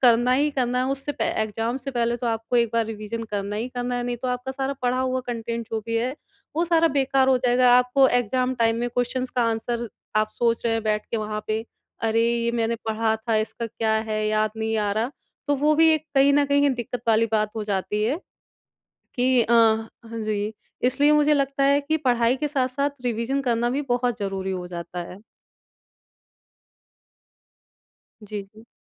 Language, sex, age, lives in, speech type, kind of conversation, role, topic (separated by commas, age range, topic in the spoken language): Hindi, female, 30-34, India, conversation, podcast, guest, Kaunse apps ya websites tum self-learning ke liye use karte ho?